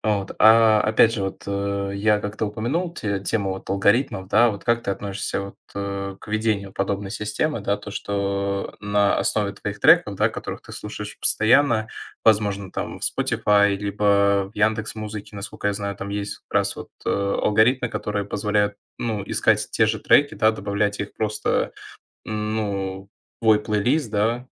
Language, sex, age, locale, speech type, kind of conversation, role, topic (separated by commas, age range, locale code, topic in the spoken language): Russian, male, 20-24, ru-RU, conversation, podcast, host, Как социальные сети повлияли на то, какую музыку ты для себя открыл(а)?